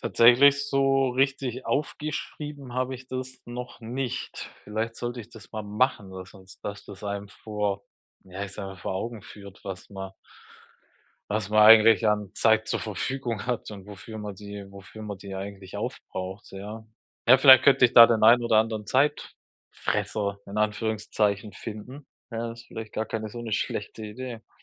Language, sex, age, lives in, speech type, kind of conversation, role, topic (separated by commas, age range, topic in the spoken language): German, male, 35-39, Germany, conversation, advice, user, Wie kann ich Arbeit, Erholung und soziale Zeit ausgewogen einteilen?